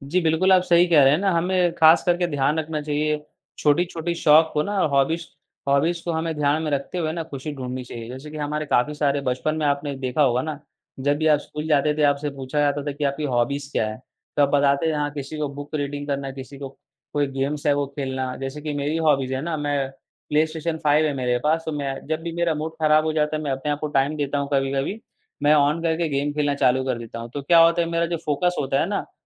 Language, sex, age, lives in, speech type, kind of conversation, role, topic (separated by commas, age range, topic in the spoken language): Hindi, male, 18-19, India, conversation, unstructured, none, खुश रहने के लिए आप कौन-कौन से छोटे-छोटे कदम उठाते हैं?